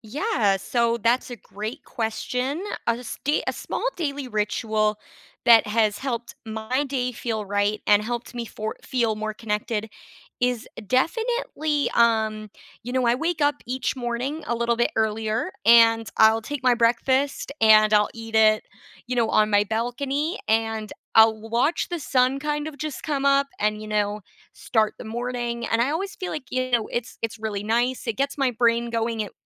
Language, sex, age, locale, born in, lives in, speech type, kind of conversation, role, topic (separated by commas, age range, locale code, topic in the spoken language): English, female, 20-24, en-CA, Canada, Canada, conversation, unstructured, none, What small daily rituals make your day feel right and help you feel more connected?